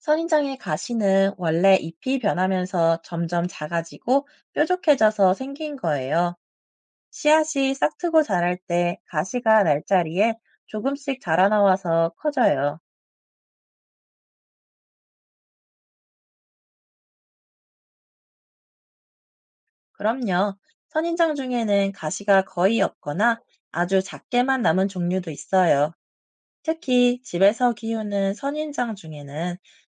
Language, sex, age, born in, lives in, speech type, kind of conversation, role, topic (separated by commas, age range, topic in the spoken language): Korean, male, 30-34, South Korea, France, read, expert-assistant, expert, 왜 선인장은 가시가 있나요?